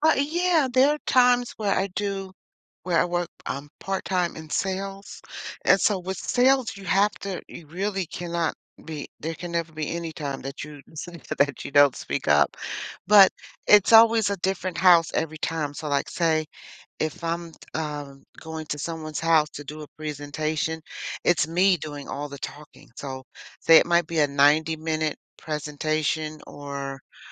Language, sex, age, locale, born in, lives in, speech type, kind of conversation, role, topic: English, female, 60-64, en-US, United States, United States, conversation, advice, user, How can I speak up confidently in meetings?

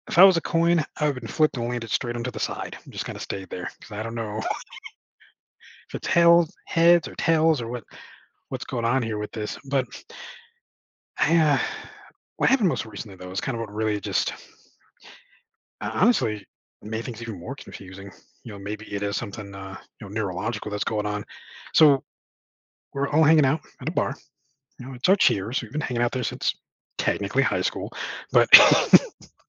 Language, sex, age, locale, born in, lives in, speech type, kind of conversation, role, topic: English, male, 40-44, en-US, United States, United States, conversation, advice, user, How can I repair trust after a disagreement with a close friend?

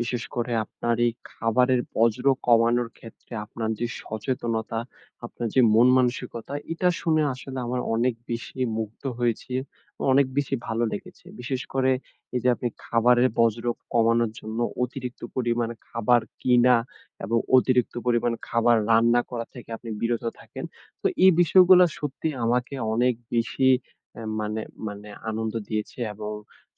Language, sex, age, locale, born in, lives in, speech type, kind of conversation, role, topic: Bengali, male, 20-24, bn-BD, Bangladesh, Bangladesh, conversation, podcast, host, তুমি কীভাবে খাবার বর্জ্য কমাও?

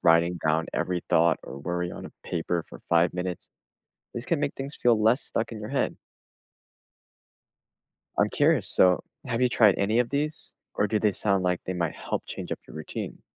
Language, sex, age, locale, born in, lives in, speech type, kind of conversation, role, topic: English, male, 20-24, en-US, United States, United States, read, expert-assistant, expert, How can you stay positive when facing challenges?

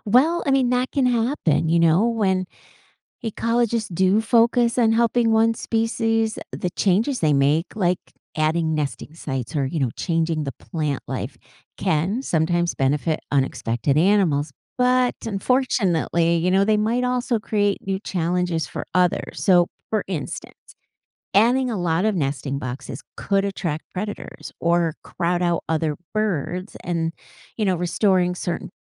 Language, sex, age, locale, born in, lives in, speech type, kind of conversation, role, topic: English, female, 55-59, en-US, United States, United States, read, expert-assistant, expert, Does nature ever achieve perfect balance?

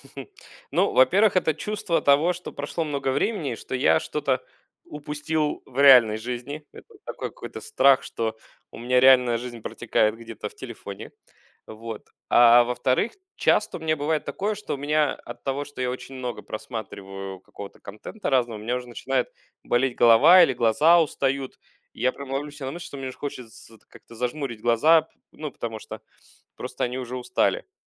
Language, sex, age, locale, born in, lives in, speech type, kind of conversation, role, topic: Russian, male, 35-39, ru-UA, Russia, Germany, conversation, podcast, guest, Как ты отключаешься от гаджетов, чтобы снять напряжение?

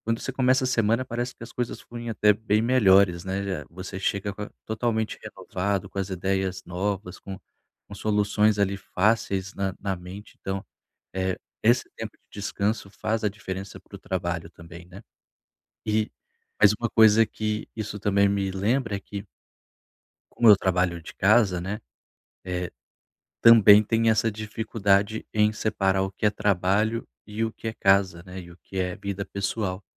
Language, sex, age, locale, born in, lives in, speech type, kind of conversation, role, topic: Portuguese, male, 30-34, pt-BR, Brazil, Portugal, conversation, advice, user, Como posso equilibrar melhor o meu dia a dia entre trabalho e vida pessoal sem me estressar?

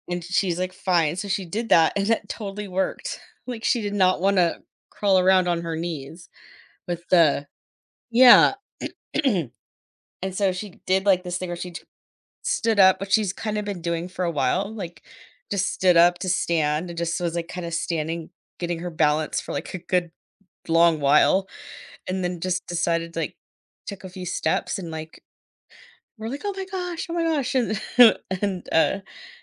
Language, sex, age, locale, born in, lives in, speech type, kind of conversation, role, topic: English, female, 40-44, en-US, United States, United States, conversation, advice, user, How can I preserve the memory of my child's first steps?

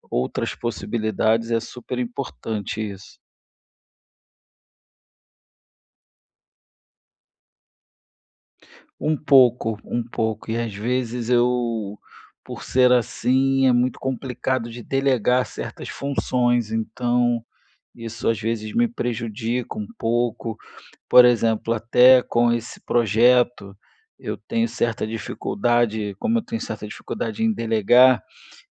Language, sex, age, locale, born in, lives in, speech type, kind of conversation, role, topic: Portuguese, male, 35-39, pt-BR, Brazil, Spain, conversation, advice, user, Como posso encontrar motivação para finalizar projetos antigos que abandonei?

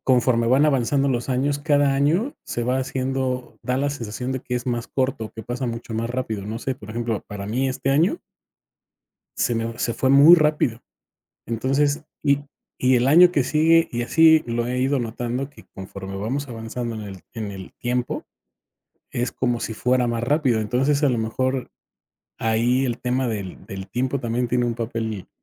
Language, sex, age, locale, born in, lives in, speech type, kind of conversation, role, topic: Spanish, male, 45-49, es-MX, Mexico, Mexico, conversation, podcast, host, ¿Qué señales naturales buscas para saber que llega la primavera?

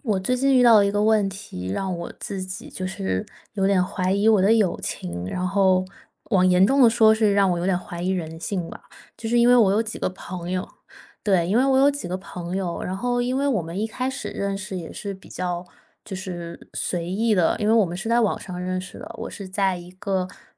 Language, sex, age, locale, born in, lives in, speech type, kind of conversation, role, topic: Chinese, female, 25-29, zh-CN, China, United States, conversation, advice, user, 我總覺得要跟朋友花很多錢才能被接納，該怎麼辦？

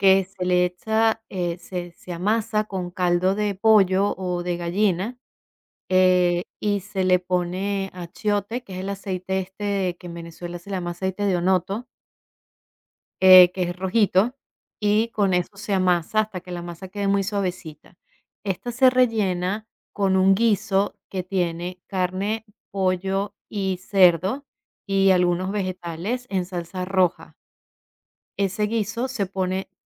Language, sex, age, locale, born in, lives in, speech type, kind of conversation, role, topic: Spanish, female, 45-49, es-VE, Venezuela, United States, conversation, podcast, guest, ¿Cómo se transmiten las recetas familiares de generación en generación?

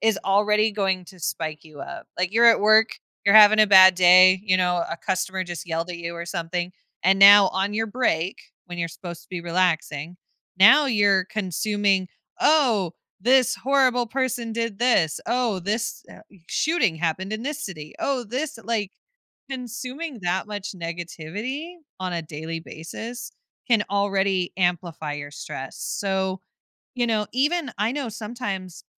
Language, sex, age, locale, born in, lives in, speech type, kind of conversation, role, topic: English, female, 25-29, en-US, United States, United States, conversation, podcast, guest, What are some practical ways to make everyday life less stressful?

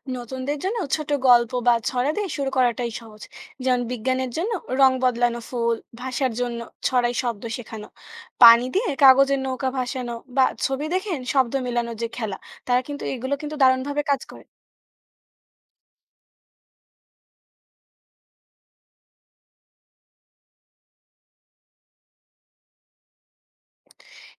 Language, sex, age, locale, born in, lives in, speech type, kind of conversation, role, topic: Bengali, female, 20-24, bn-BD, Bangladesh, Bangladesh, read, expert-assistant, expert, শিক্ষা আরও আনন্দদায়ক করতে পাঠক্রম সাজানোর সহজ উপায়গুলো কীভাবে শিখতে পারি?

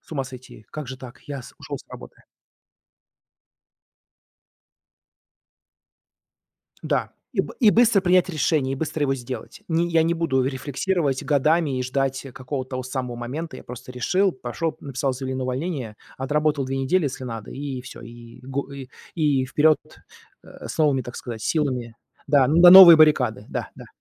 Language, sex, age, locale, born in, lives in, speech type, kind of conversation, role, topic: Russian, male, 40-44, ru-RU, Russia, United States, conversation, podcast, guest, Что вы делаете, если работа перестаёт приносить радость?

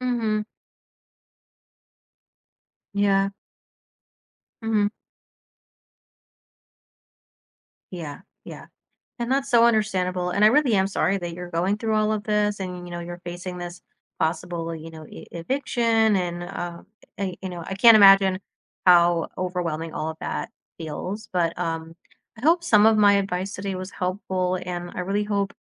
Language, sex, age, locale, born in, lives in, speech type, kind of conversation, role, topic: English, female, 30-34, en-US, United States, United States, conversation, advice, advisor, How can I change my habits?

